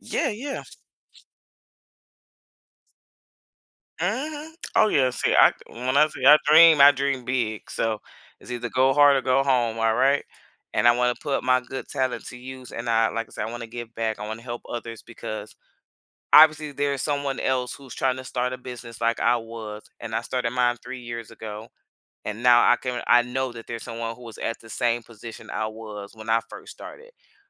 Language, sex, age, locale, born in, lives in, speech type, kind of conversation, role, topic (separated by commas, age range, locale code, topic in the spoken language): English, female, 30-34, en-US, United States, United States, conversation, unstructured, none, How has your dream job changed as you've grown, and what inspired those changes?